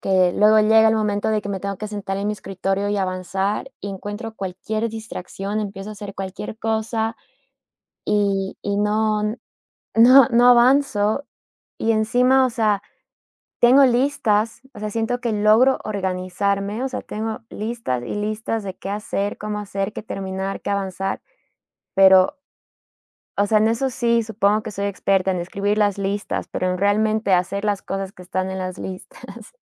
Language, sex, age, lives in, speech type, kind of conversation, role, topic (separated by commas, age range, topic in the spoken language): Spanish, female, 30-34, United States, conversation, advice, user, ¿Cómo puedo dejar de sentirme abrumado por los plazos y mejorar mi gestión del tiempo?